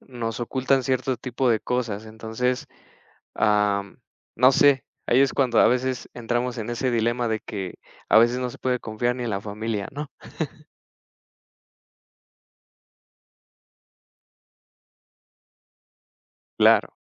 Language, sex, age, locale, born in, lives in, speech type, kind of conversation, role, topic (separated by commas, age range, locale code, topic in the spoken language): Spanish, male, 30-34, es-MX, Mexico, Mexico, conversation, unstructured, none, ¿Qué papel juega la confianza en tus relaciones personales?